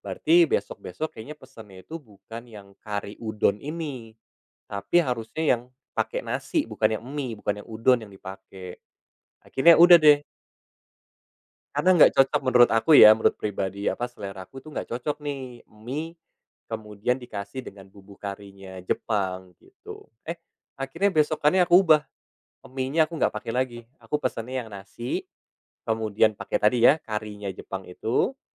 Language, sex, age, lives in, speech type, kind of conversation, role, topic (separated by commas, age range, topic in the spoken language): Indonesian, male, 30-34, Indonesia, conversation, podcast, guest, Kenapa makanan tertentu bisa langsung terasa menenangkan buatmu?